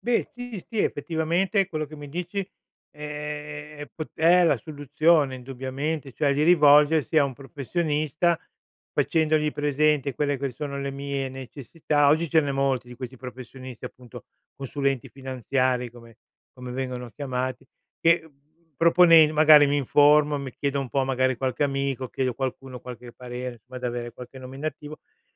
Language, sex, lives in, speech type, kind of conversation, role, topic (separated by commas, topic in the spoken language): Italian, male, Italy, conversation, advice, user, Come posso decidere se investire i miei risparmi puntando su un alto rendimento o sulla sicurezza?